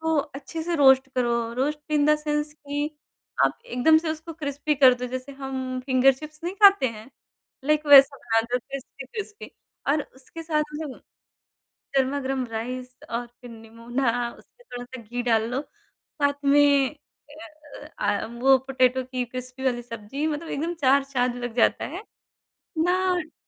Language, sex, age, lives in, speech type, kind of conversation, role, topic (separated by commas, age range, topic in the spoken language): Hindi, male, 30-34, India, conversation, podcast, guest, Tumhara comfort food kya hai, aur kya woh tumhari pehchaan se juda hai—kyun?